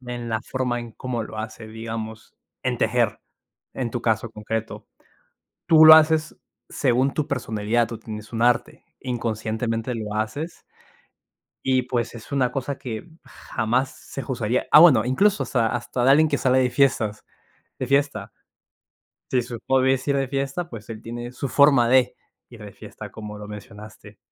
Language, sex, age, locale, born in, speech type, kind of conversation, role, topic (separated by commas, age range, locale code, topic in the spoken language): Spanish, male, 20-24, es-PE, Peru, conversation, unstructured, none, ¿Crees que hay pasatiempos que deberían ser menospreciados o juzgados?